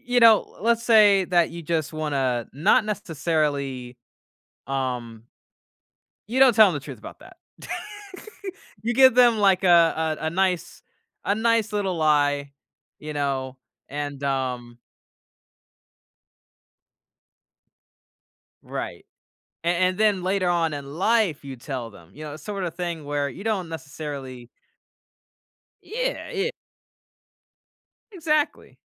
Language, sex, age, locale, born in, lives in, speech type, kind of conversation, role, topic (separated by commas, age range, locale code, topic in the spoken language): English, male, 25-29, en-US, United States, United States, conversation, podcast, guest, How does being honest affect your relationships and daily interactions?